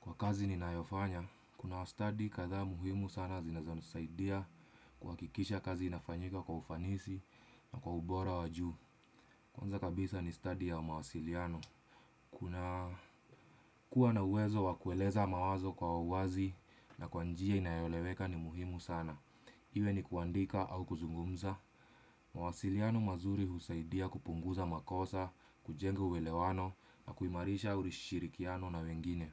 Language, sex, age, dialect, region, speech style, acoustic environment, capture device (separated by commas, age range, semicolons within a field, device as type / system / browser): Swahili, male, 25-34, Kenya - Nairobi Sheng-influenced, Kenya, stressed, silence, desktop / Windows / Chrome